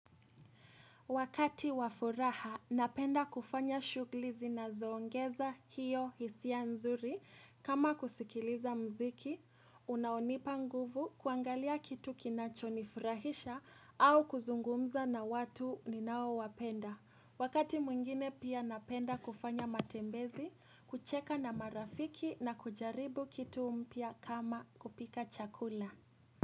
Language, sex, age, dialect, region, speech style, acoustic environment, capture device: Swahili, female, 18-24, Kenya - Nairobi Sheng-influenced, Kenya, happy; relaxed, appliances, mobile / Linux / Chrome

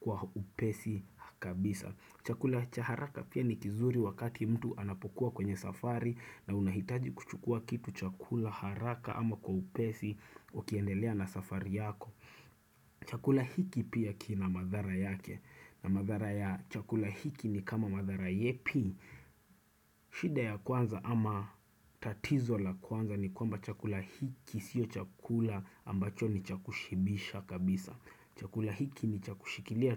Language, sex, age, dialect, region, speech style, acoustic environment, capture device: Swahili, male, 25-34, Kenya - Nairobi Sheng-influenced, Kenya, focused; relaxed, silence, desktop / Windows / Chrome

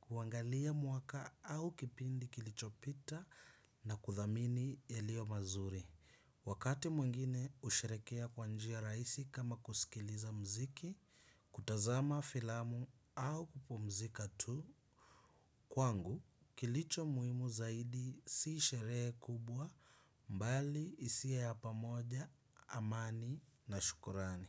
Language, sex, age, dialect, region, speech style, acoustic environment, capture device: Swahili, male, 25-34, Kenya - Mombasa, Kenya, focused; relaxed, nature, desktop / Windows / Chrome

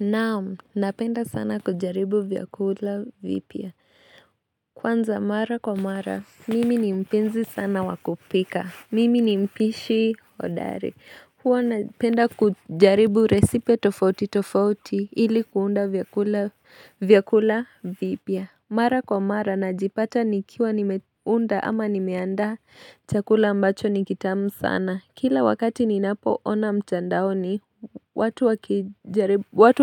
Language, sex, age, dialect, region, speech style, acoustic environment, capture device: Swahili, female, 25-34, Kenya - Nairobi Sheng-influenced, Kenya, nervous, silence, mobile / Linux / Chrome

